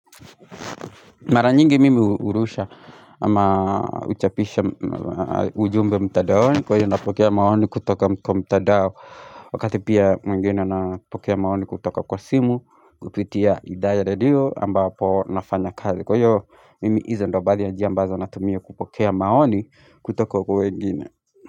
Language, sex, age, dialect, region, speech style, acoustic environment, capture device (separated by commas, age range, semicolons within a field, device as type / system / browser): Swahili, male, 25-34, Kenya - Nairobi Sheng-influenced, Kenya, tired; relaxed, people talking; music, mobile / Linux / Chrome